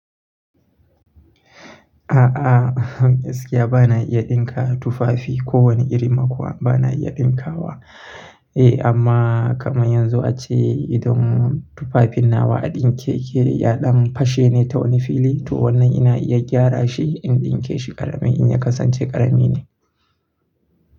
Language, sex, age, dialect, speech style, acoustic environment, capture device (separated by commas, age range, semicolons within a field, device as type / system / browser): Hausa, male, 25-34, Nigeria - Nigerian Standard, happy, people talking, mobile / Linux / Chrome